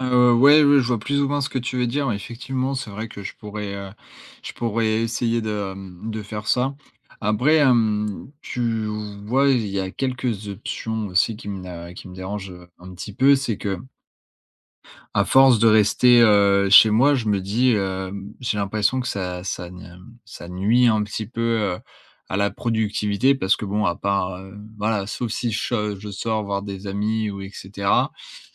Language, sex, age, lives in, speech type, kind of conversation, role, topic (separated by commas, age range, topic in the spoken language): French, male, 20-24, France, conversation, advice, user, Comment s’est passée votre transition vers le télétravail et quelles difficultés rencontrez-vous pour instaurer des limites entre vie professionnelle et vie personnelle ?